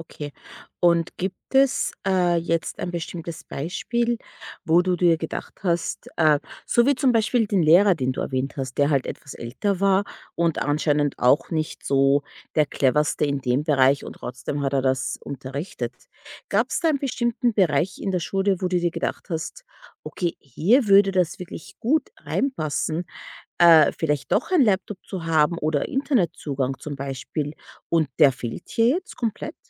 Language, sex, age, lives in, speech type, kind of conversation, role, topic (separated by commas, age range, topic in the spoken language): German, female, 30-34, United States, conversation, podcast, host, Wie wichtig ist die Digitalisierung in der Schule heute?